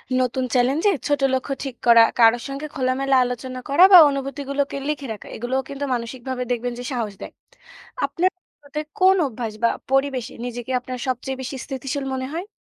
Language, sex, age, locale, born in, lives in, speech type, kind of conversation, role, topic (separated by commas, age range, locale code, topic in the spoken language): Bengali, female, 20-24, bn-BD, Bangladesh, Bangladesh, read, expert-assistant, expert, কোনো সম্পর্ক টিকিয়ে রাখতে নৈতিকতার দৃষ্টিভঙ্গিতে সত্য বলা সবসময় সঠিক কি? কিছু তথ্য গোপন রাখা কি কখনো ন্যায্য হতে পারে?